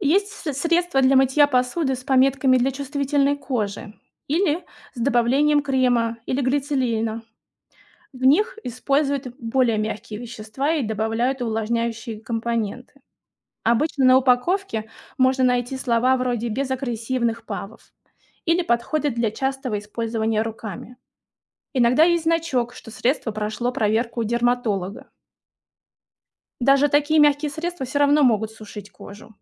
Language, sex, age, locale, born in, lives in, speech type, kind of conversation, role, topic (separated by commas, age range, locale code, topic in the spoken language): Russian, female, 35-39, ru-RU, Russia, Germany, read, expert-assistant, expert, Почему мыло смывает грязь